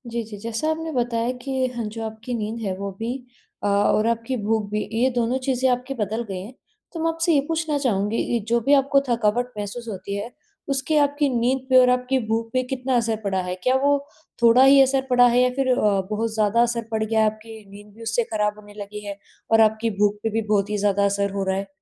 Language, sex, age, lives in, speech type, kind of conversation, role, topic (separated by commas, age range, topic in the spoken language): Hindi, female, 20-24, India, conversation, advice, advisor, आराम करने पर भी ठीक न होने वाली थकान की पहचान कैसे करें?